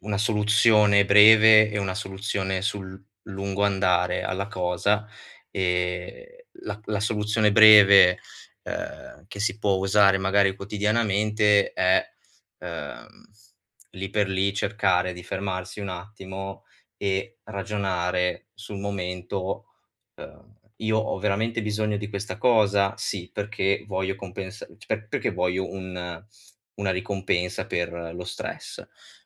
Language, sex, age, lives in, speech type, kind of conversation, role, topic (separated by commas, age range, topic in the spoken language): Italian, male, 25-29, Italy, conversation, advice, advisor, Come posso resistere alle voglie improvvise senza arrendermi?